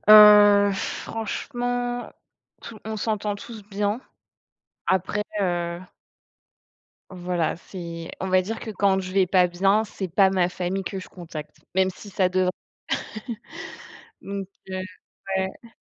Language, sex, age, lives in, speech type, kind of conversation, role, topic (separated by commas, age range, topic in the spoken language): French, female, 25-29, France, conversation, unstructured, none, Comment décrirais-tu ta relation avec ta famille ?